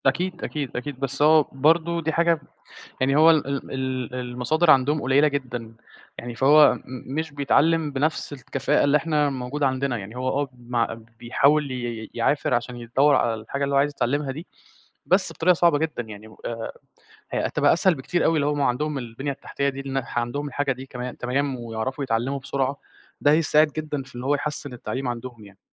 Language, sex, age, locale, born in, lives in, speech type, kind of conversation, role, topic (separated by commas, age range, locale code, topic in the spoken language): Arabic, male, 20-24, ar-EG, Egypt, Egypt, conversation, unstructured, none, إزاي التكنولوجيا ممكن تحسّن التعليم؟